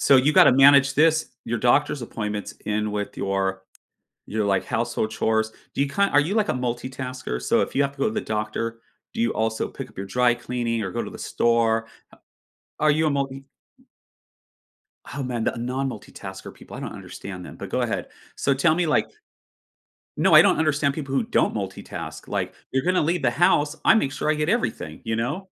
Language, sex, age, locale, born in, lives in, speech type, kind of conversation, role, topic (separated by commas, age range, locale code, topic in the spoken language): English, male, 45-49, en-US, United States, United States, conversation, podcast, host, How do you create a fair and effective system for sharing household responsibilities?